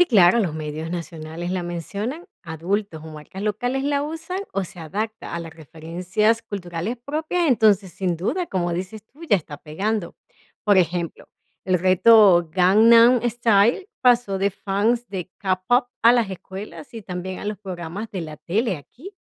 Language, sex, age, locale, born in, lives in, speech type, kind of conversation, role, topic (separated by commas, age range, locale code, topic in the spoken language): Spanish, female, 40-44, es-VE, Venezuela, United States, read, expert-assistant, expert, ¿Dónde buscas información confiable cuando quieres saber sobre nuevas tendencias en televisión o redes sociales?